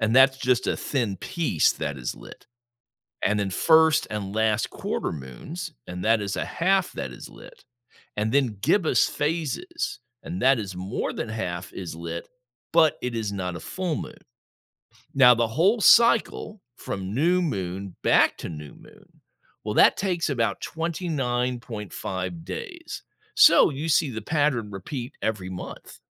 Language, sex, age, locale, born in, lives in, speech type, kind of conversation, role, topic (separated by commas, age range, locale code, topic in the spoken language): English, male, 60-64, en-US, United States, United States, read, expert-assistant, expert, What causes the phases of the moon and eclipses?